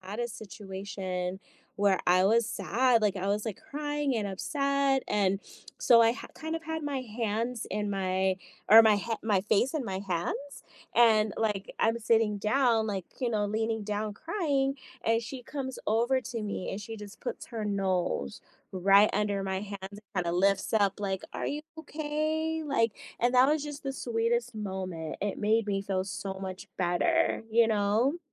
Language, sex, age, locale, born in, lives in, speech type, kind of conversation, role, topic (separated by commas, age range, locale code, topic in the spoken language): English, female, 40-44, en-US, United States, United States, conversation, unstructured, none, What do you think makes pets important in people’s lives?